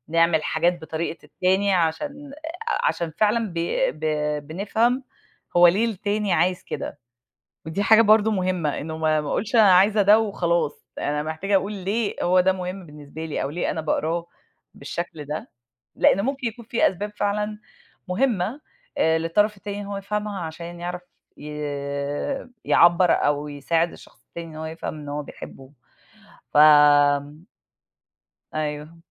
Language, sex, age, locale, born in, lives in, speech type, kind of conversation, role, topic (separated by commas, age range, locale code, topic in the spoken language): Arabic, female, 40-44, ar-EG, Egypt, United States, conversation, unstructured, none, إزاي بتعبر عن حبك لحد؟